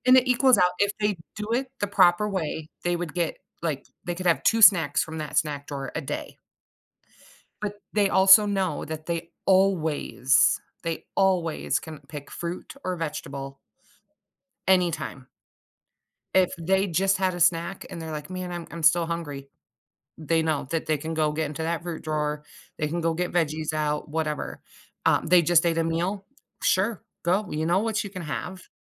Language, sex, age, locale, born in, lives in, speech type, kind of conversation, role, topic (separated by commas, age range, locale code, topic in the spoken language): English, female, 40-44, en-US, United States, United States, conversation, podcast, guest, What influences your choices when deciding what to make for dinner?